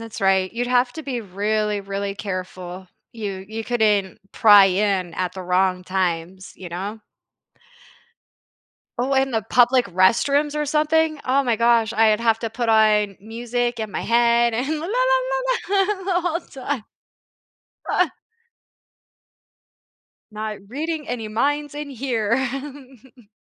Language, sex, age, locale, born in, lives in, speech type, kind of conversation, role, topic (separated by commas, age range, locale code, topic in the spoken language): English, female, 40-44, en-US, United States, United States, conversation, unstructured, none, How might having the ability to read minds change the way we interact with others?